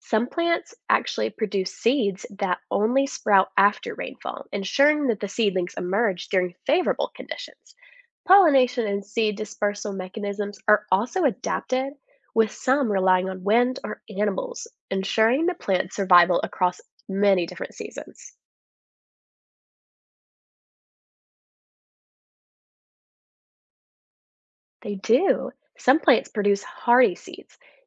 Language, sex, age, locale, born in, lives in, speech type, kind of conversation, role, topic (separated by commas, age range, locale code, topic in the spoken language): English, female, 20-24, en-US, United States, United States, read, expert-assistant, expert, How do plants grow in the desert?